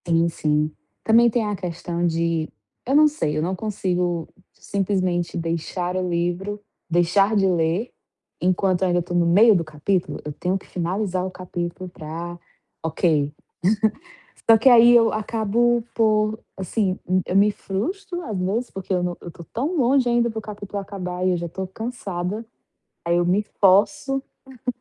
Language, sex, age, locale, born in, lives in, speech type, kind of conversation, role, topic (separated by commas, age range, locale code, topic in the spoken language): Portuguese, female, 25-29, pt-BR, Brazil, Portugal, conversation, advice, user, Como posso manter o foco durante longas sessões de trabalho ou estudo?